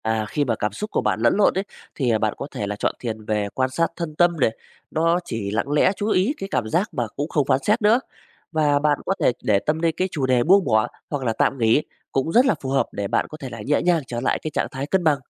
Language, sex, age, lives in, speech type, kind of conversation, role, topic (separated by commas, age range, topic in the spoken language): Vietnamese, male, 35-39, Vietnam, read, expert-assistant, expert, Giữa thiền, cầu nguyện và đọc sách tâm linh, bạn nên ưu tiên điều gì trước?